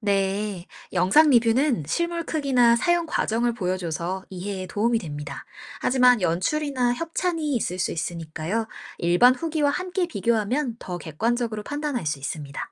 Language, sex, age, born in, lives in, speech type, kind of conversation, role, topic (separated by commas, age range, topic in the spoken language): Korean, female, 25-29, South Korea, United States, read, expert-assistant, expert, SNS에서 많이 보이는 '추천'이나 '후기'는 마케팅에서 어떤 의미를 가지나요? 왜 중요한지 궁금해요.